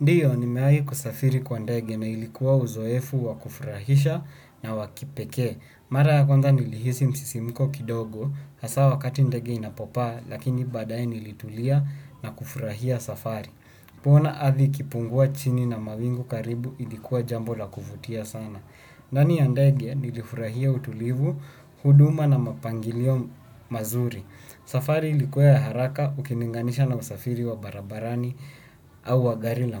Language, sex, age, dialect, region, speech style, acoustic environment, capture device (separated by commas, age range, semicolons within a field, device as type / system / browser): Swahili, male, 18-24, Kenya - Nairobi Sheng-influenced, Kenya, tired, silence, desktop / Windows / Chrome